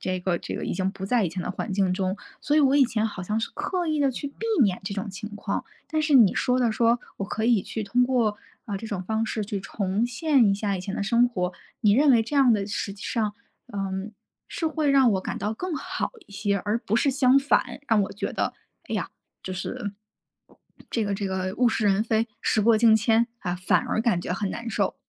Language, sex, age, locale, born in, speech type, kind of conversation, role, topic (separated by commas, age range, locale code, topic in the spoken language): Chinese, female, 30-34, zh-CN, China, conversation, advice, user, 我因为想念家乡的食物和节日习惯而情绪低落，该怎么办？